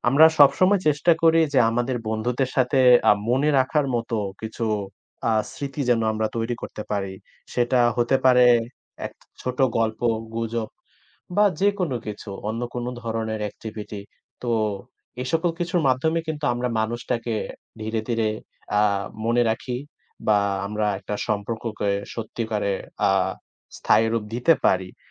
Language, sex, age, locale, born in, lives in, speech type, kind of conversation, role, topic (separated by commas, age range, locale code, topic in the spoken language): Bengali, male, 20-24, bn-BD, Bangladesh, Bangladesh, conversation, unstructured, none, আপনি আপনার বন্ধুদের সঙ্গে সময় কাটাতে কীভাবে সবচেয়ে বেশি পছন্দ করেন?